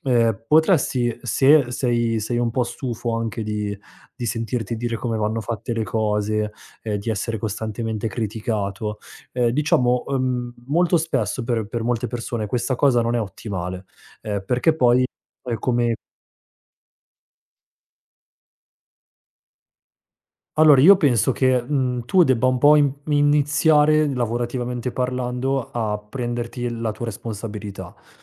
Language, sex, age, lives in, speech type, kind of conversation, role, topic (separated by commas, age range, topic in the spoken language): Italian, male, 30-34, Italy, conversation, advice, advisor, Come posso gestire il senso di inadeguatezza in un nuovo ruolo e la sindrome dell’impostore?